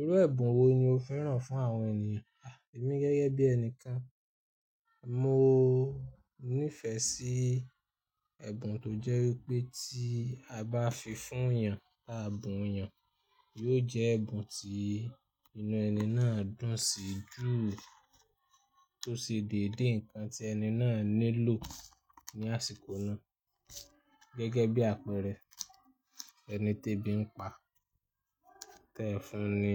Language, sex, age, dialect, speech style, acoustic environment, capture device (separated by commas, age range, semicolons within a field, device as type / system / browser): Yoruba, male, 25-34, Nigeria - Ibadan, happy; nervous; anxious; excited, people talking; nature; music; traffic; construction, mobile / Linux / Chrome